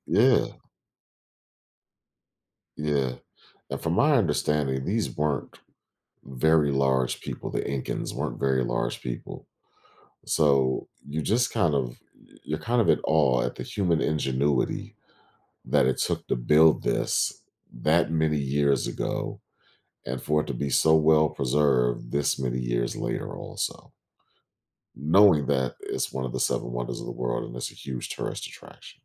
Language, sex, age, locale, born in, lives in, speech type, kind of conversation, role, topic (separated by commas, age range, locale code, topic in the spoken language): English, male, 40-44, en-US, United States, United States, conversation, podcast, guest, How can travel to new places broaden your perspective or change your outlook on life?